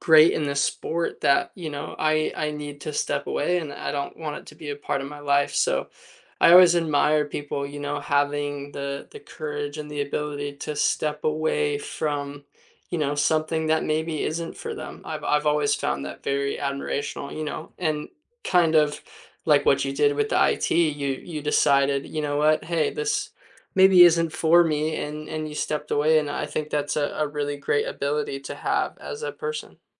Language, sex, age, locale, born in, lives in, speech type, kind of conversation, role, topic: English, male, 20-24, en-US, United States, United States, conversation, unstructured, none, What hobby makes you feel happiest when you do it?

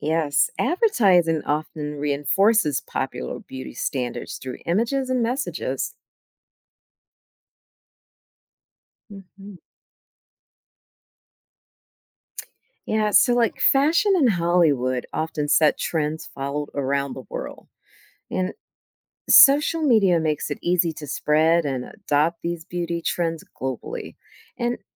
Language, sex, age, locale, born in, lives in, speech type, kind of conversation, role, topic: English, female, 50-54, en-US, United States, United States, read, expert-assistant, expert, How does the concept of beauty differ globally?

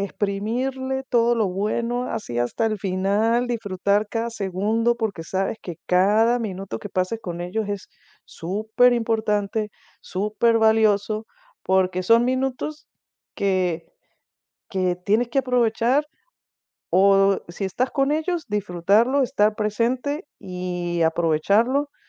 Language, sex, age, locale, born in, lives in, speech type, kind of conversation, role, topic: Spanish, female, 50-54, es-VE, Venezuela, United States, conversation, advice, advisor, ¿Cómo puedo manejar la culpa por descuidar a mi familia y amigos debido al trabajo en una startup?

